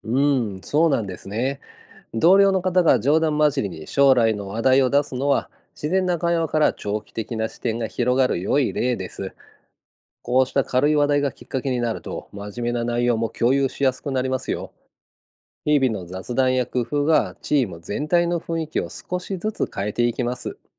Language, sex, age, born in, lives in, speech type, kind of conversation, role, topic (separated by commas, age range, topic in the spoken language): Japanese, male, 50-54, Japan, Japan, read, expert-assistant, expert, 戦略的思考力を高めるために日常的にできるトレーニング方法や習慣にはどんなものがありますか？